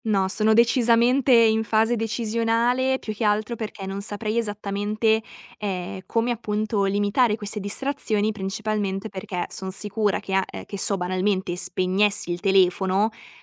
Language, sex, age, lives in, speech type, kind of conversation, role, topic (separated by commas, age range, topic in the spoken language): Italian, female, 20-24, Italy, conversation, advice, user, In che modo le distrazioni digitali interrompono la tua concentrazione e il tuo lavoro?